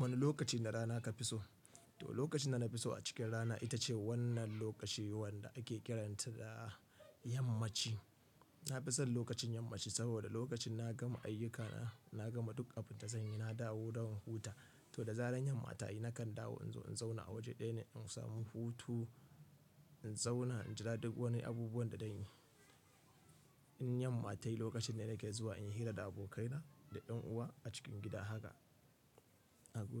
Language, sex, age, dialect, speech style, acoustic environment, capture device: Hausa, male, 25-34, Nigeria - Kano, happy; relaxed, nature, mobile / Linux / Chrome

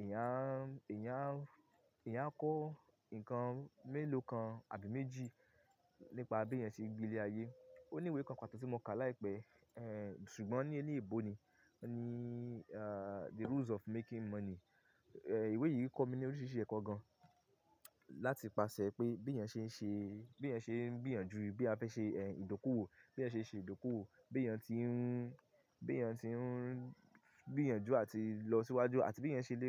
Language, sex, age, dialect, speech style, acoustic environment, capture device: Yoruba, male, 25-34, Nigeria - Ibadan, focused, silence, mobile / Linux / Chrome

